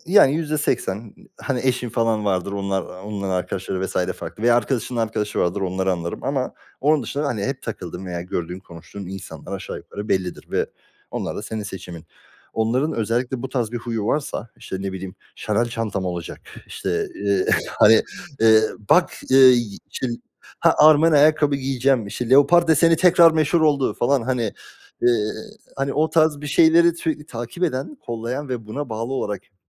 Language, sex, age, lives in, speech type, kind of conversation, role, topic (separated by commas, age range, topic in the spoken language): Turkish, male, 25-29, Germany, conversation, unstructured, none, Sosyal medyada gerçek benliğini gösterebiliyor musun?